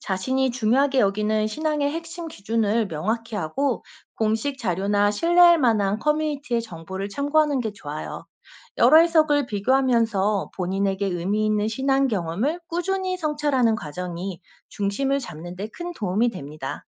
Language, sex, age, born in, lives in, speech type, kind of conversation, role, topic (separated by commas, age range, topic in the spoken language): Korean, female, 35-39, South Korea, South Korea, read, expert-assistant, expert, 인터넷에서 본 종교 정보, 어떻게 믿을 만한지 구분할 수 있을까요?